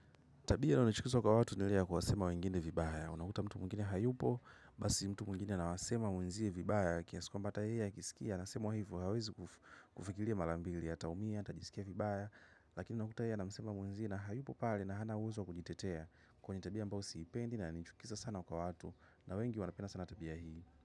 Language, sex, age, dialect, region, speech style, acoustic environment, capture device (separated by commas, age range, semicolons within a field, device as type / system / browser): Swahili, male, 25-34, Tanzania - Dar es Salaam, Tanzania, nervous; happy, silence, mobile / macOS / Safari